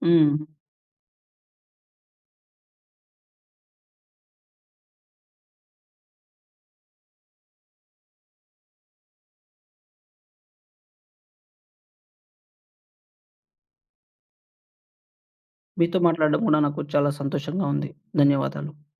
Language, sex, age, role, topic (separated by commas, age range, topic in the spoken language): Telugu, male, 20-24, guest, రోజుకు ఒక చిన్న నిర్ణయం మీ జీవితంలో ఎలా పెద్ద మార్పును తీసుకువచ్చింది?